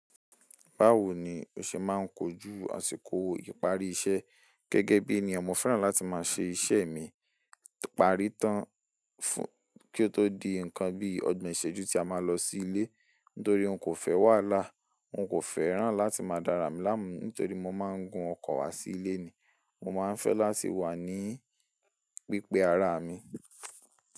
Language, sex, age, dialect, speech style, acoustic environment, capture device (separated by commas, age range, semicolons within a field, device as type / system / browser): Yoruba, male, 18-24, Nigeria - Ibadan, relaxed, silence, mobile / Linux / Chrome